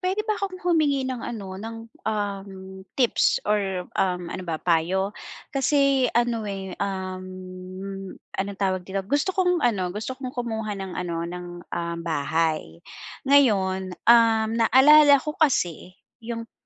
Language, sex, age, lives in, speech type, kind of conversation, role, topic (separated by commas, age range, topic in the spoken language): Filipino, female, 40-44, Philippines, conversation, advice, user, Paano ko sisimulan ang pakikipag-ugnayan sa lokal na opisina para ayusin ang aking mga dokumento?